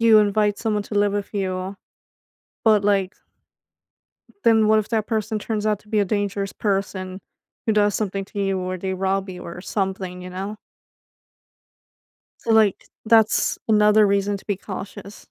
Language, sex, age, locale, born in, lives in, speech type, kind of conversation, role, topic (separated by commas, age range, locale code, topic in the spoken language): English, female, 25-29, en-US, United States, United States, conversation, advice, user, How can I prevent awkward hygiene moments at work?